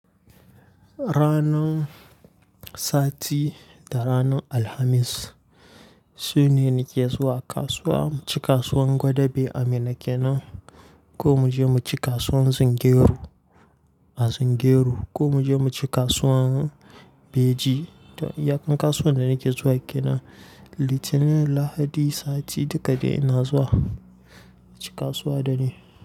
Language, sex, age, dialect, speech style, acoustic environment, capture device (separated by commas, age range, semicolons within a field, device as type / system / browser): Hausa, male, 18-24, Nigeria - Kano, tired, nature; silence, mobile / Linux / Chrome